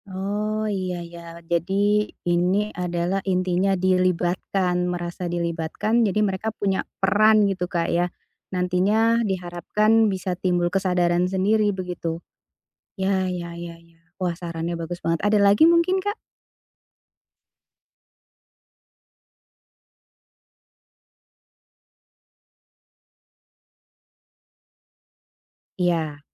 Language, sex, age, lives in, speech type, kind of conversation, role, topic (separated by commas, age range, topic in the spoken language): Indonesian, female, 40-44, Indonesia, conversation, advice, user, Bagaimana cara mempertahankan kebiasaan rapi dalam jangka panjang?